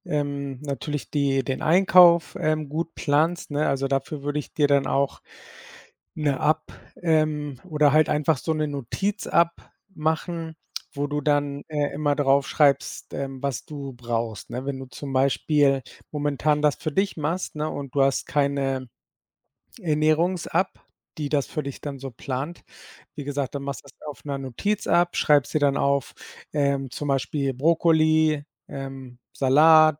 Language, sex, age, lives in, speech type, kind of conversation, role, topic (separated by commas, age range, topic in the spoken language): German, male, 40-44, Spain, conversation, advice, advisor, Welche Schwierigkeiten hast du beim Aufbau gesunder Gewohnheiten?